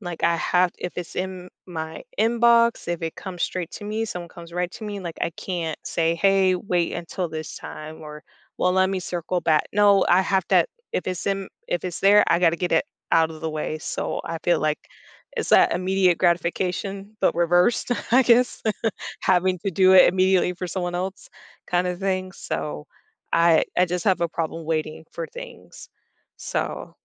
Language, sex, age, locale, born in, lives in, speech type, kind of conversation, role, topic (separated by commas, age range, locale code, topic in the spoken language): English, female, 30-34, en-US, United States, United States, conversation, advice, user, How can I set boundaries and reduce stress while balancing work and personal life?